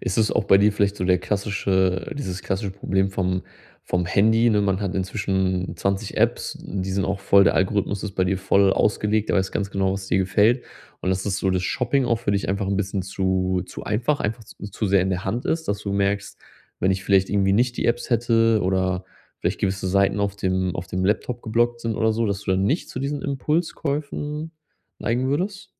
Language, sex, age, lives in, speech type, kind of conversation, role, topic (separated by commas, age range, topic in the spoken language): German, male, 30-34, Germany, conversation, advice, advisor, Wie kann ich impulsive Käufe bei Stress und Langeweile stoppen?